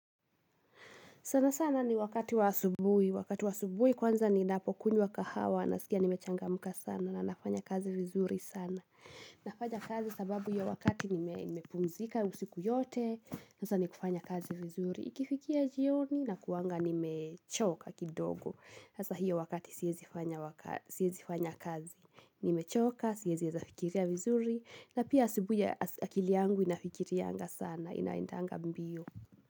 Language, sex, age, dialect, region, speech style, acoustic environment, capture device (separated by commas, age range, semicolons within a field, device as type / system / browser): Swahili, female, 18-24, Kenya - Kiambu, Kenya, happy, silence, mobile / Linux / Chrome